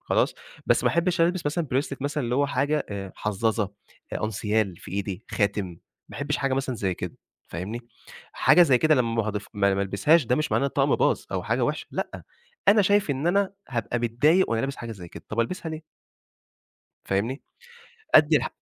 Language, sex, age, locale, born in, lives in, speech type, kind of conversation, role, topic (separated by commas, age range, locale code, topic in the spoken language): Arabic, male, 25-29, ar-EG, Egypt, Egypt, conversation, podcast, guest, إزاي بتلبس عشان تبيّن شخصيتك من غير ما تتكلم؟